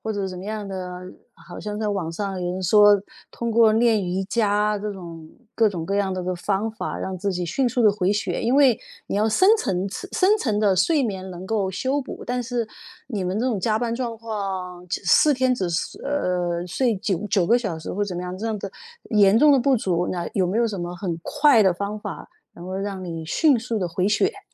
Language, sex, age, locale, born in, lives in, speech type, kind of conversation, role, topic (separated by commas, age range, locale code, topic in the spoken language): Chinese, female, 50-54, zh-CN, China, United States, conversation, podcast, host, 你怎么看待加班文化啊？